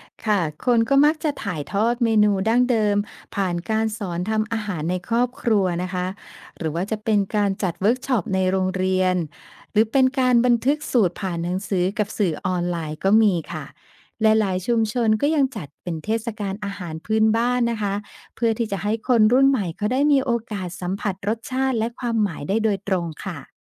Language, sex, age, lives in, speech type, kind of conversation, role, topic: Thai, female, 50-54, Thailand, read, expert-assistant, expert, ทำไมบางวัฒนธรรมถึงกินอาหารทะเลบางชนิดที่คนไทยไม่กิน?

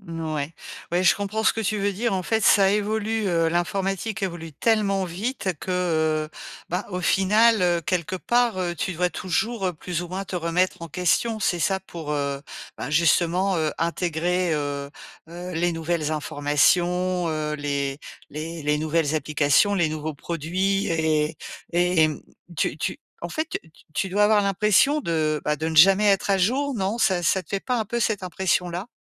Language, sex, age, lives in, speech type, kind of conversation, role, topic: French, female, 50-54, France, conversation, advice, advisor, Comment puis-je développer les compétences clés pour progresser dans ma carrière professionnelle ?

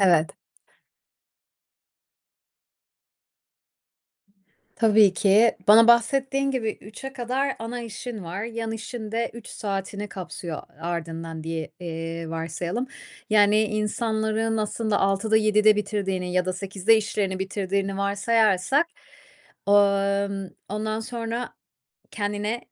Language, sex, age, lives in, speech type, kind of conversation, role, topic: Turkish, female, 30-34, Spain, conversation, advice, advisor, Yatmadan önce ekran başında çok zaman geçiriyorum; bu durum uykumu ve konsantrasyonumu nasıl etkiliyor?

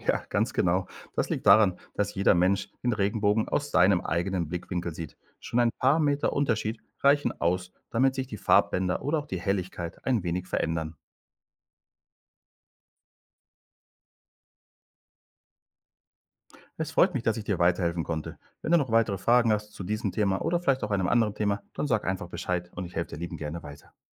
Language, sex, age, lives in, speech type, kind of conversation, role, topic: German, male, 45-49, Spain, read, expert-assistant, expert, Wie entsteht ein Regenbogen?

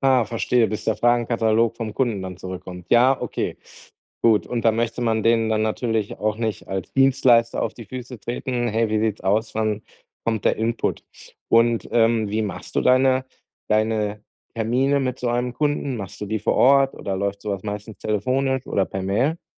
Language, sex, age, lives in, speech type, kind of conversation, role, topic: German, male, 40-44, Germany, conversation, advice, advisor, Wie finde ich gute Inspiration, ohne von der Informationsflut überwältigt zu werden?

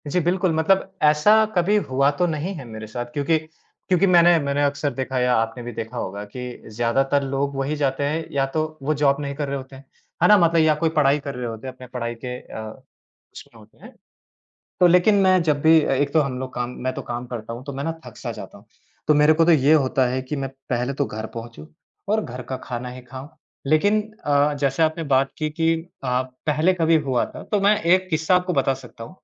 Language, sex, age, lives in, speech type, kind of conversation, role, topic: Hindi, male, 30-34, India, conversation, podcast, guest, सफर के दौरान आपने सबसे बढ़िया खाना कहाँ और क्या खाया था?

